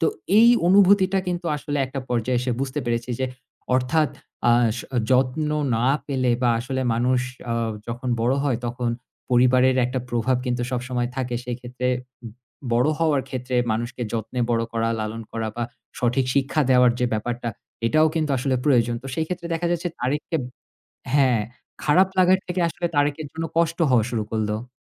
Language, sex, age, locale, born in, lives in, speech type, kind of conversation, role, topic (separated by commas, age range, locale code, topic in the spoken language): Bengali, male, 30-34, bn-BD, Bangladesh, Finland, conversation, podcast, guest, তুমি কেন কোনো সিনেমা বারবার দেখতে চাও?